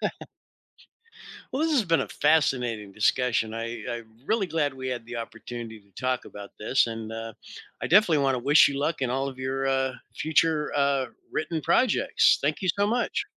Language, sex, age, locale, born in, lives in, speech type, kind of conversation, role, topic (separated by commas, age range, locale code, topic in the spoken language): English, male, 60-64, en-US, United States, United States, conversation, podcast, host, How can experiencing failure lead to personal growth and resilience?